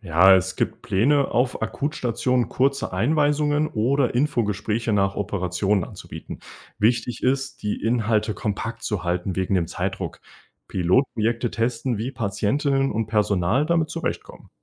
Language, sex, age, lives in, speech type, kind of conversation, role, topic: German, male, 20-24, Germany, read, expert-assistant, expert, Wie sähe ein Krankenhaus aus, wenn Patienten die Organisation mitbestimmen dürften?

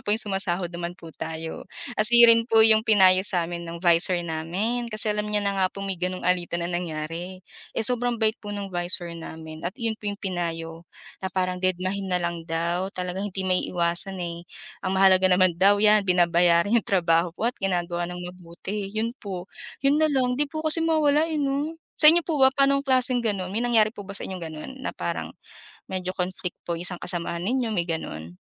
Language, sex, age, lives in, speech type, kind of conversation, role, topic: Filipino, female, 25-29, Philippines, conversation, unstructured, none, Ano ang pinakamahalagang katangian ng isang mabuting katrabaho?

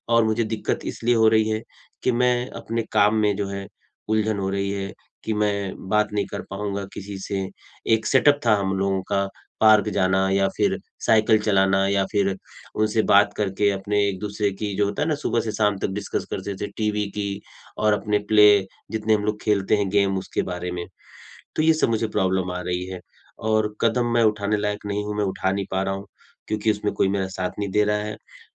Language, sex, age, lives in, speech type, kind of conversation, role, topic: Hindi, male, 45-49, India, conversation, advice, user, परिवर्तन के समय मैं कैसे शांत और लचीला रह सकता/सकती हूँ?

